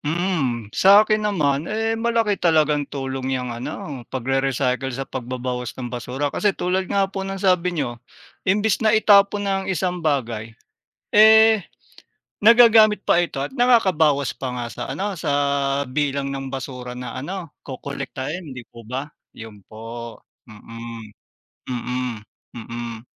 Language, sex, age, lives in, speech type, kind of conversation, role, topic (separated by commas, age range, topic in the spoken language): Filipino, male, 40-44, Philippines, conversation, unstructured, none, Paano makatutulong ang pagre-recycle sa pagbabawas ng basura?